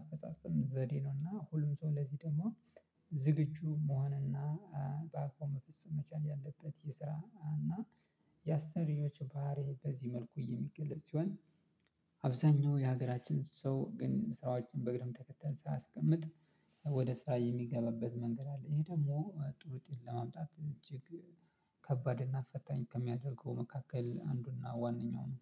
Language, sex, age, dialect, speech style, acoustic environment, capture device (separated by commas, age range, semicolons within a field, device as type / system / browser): Amharic, male, 25-34, Ethiopia - Addis Ababa, relaxed, silence, mobile / Linux / Chrome